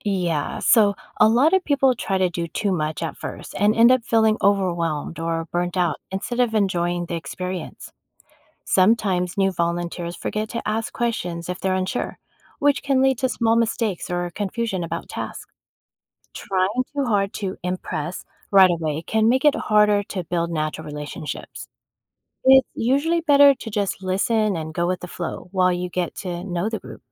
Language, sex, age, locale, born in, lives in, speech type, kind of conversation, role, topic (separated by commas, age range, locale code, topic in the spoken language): English, female, 50-54, en-US, United States, United States, read, expert-assistant, expert, What are the benefits of volunteering in your community?